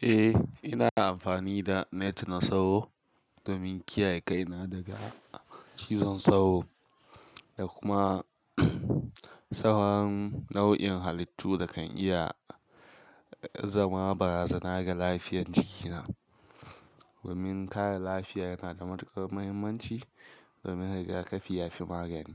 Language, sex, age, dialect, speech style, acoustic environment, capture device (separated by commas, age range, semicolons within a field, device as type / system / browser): Hausa, male, 18-24, Nigeria - Kano, relaxed; focused, silence; nature, mobile / Linux / Chrome